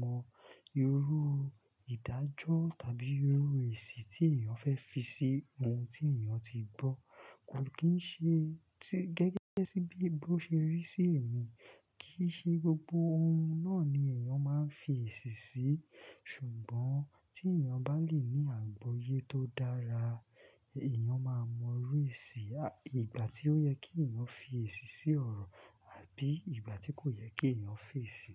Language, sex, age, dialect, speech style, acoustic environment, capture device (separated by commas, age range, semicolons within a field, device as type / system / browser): Yoruba, male, 25-34, Nigeria - Lagos Yoruba, focused, nature, desktop / Linux / Chrome